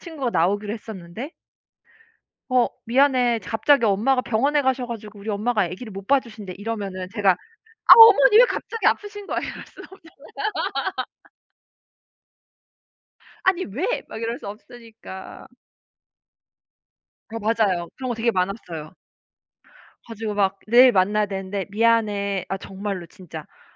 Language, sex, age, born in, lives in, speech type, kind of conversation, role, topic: Korean, female, 35-39, South Korea, United States, conversation, unstructured, none, 친구와의 약속을 자주 취소하면 어떤 기분이 드나요?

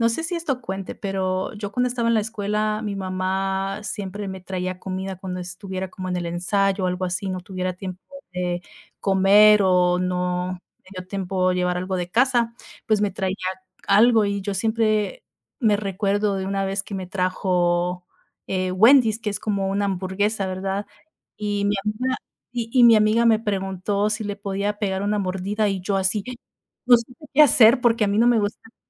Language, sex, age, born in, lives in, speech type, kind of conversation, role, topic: Spanish, female, 30-34, United States, United States, conversation, unstructured, none, ¿Tienes algún recuerdo especial asociado con alguna comida?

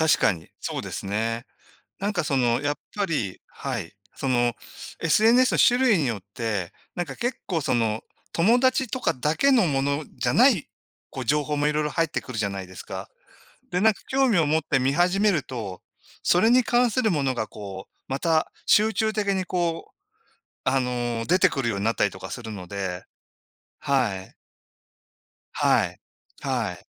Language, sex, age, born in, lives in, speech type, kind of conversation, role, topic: Japanese, male, 60-64, Japan, Japan, conversation, unstructured, none, SNSの影響は良いと思いますか、それとも悪いと思いますか？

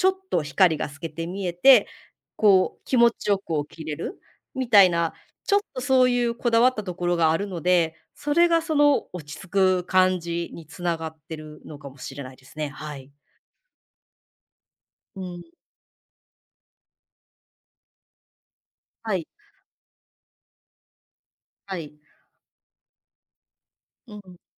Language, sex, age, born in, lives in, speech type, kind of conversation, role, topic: Japanese, female, 35-39, Japan, Japan, conversation, podcast, guest, 家でいちばん落ち着く場所はどこですか？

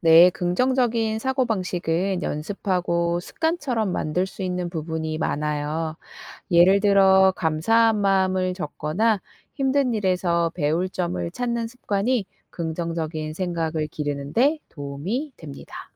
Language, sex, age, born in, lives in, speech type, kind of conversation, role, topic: Korean, female, 35-39, South Korea, South Korea, read, expert-assistant, expert, 왜 어떤 사람들은 어려운 상황에서도 긍정적으로 생각할까요?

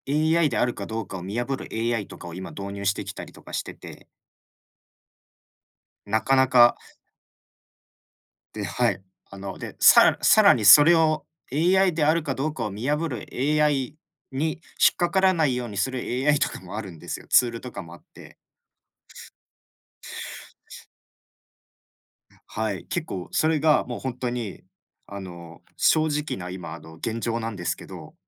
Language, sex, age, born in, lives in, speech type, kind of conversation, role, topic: Japanese, male, 25-29, Japan, Japan, conversation, unstructured, none, テクノロジーは私たちの生活をどのように変えたと思いますか？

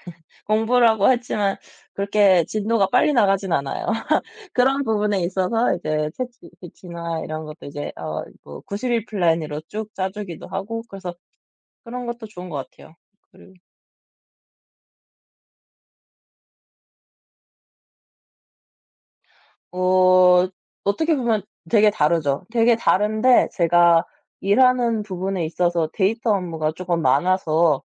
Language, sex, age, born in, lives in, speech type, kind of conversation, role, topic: Korean, male, 30-34, South Korea, France, conversation, unstructured, none, 기술이 바꿔 놓은 일상의 변화 중에서 가장 놀라운 것은 무엇인가요?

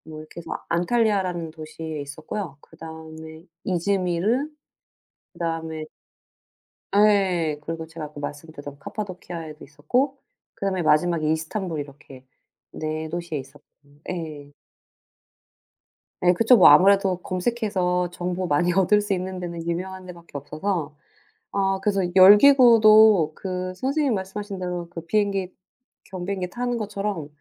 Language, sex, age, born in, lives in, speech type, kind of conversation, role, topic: Korean, female, 40-44, South Korea, United States, conversation, unstructured, none, 가장 인상 깊었던 자연 명소는 어디인가요?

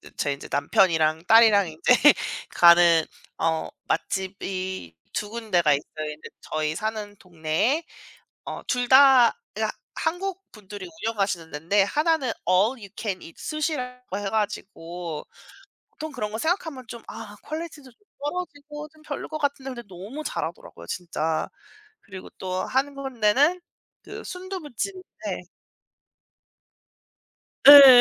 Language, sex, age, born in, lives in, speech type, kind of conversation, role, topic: Korean, female, 35-39, South Korea, United States, conversation, unstructured, none, 가족과 함께 가고 싶은 맛집은 어디인가요?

